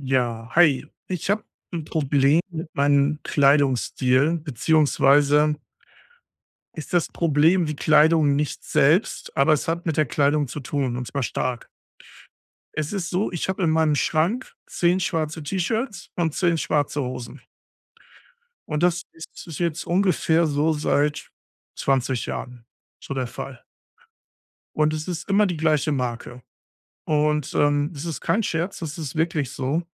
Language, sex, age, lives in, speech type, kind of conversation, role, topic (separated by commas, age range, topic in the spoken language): German, male, 35-39, Germany, conversation, advice, user, Warum finde ich nie passende Kleidung, egal wo ich sie kaufe?